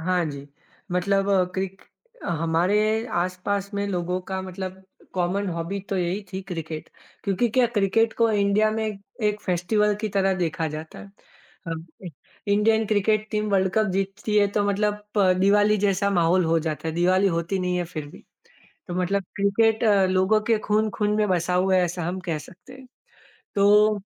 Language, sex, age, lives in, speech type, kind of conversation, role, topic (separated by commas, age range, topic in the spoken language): Hindi, male, 25-29, India, conversation, podcast, guest, Kya aapke mohalle mein cricket ya music jaisi koi common hobby ne neighbours ko jodne mein madad ki hai, aur aapka experience kaisa raha hai?